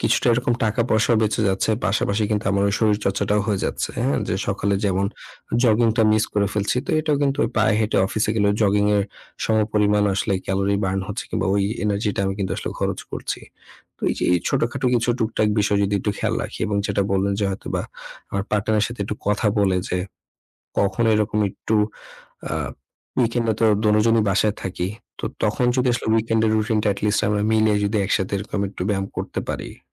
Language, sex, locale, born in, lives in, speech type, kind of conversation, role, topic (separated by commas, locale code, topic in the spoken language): Bengali, male, bn-BD, Bangladesh, Bangladesh, conversation, advice, user, পরিবার বা সঙ্গীর সঙ্গে সমন্বয় করে কীভাবে স্বাস্থ্যকর রুটিন বজায় রাখবেন?